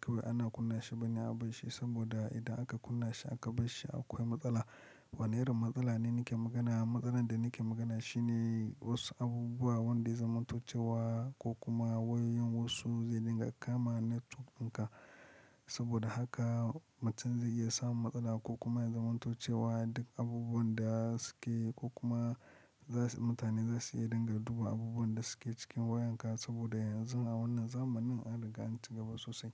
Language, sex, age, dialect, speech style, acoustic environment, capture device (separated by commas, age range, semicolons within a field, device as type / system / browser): Hausa, male, 25-34, Nigeria - Lagos Yoruba, excited; happy, silence, mobile / Linux / Chrome